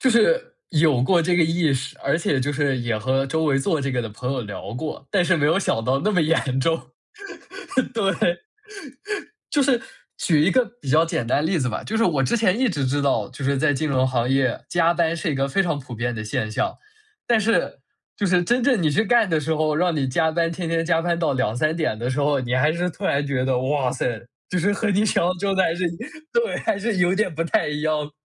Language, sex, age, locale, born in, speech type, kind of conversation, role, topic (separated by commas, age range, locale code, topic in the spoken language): Chinese, male, 20-24, zh-CN, China, conversation, podcast, guest, 转行时你学到的最重要的一课是什么？